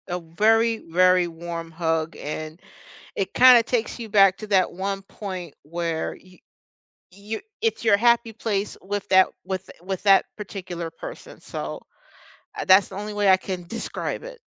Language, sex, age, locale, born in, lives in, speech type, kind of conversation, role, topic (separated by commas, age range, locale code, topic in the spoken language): English, other, 50-54, en-US, United States, United States, conversation, unstructured, none, What personal rituals, stories, or traditions help you honor and keep your loved ones' memories alive?